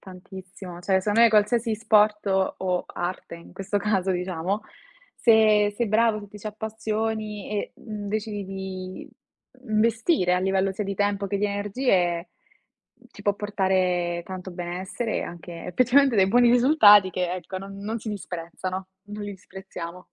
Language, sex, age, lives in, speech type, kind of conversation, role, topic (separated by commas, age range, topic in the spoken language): Italian, female, 20-24, Italy, conversation, unstructured, none, Hai mai scoperto un talento nascosto provando qualcosa di nuovo?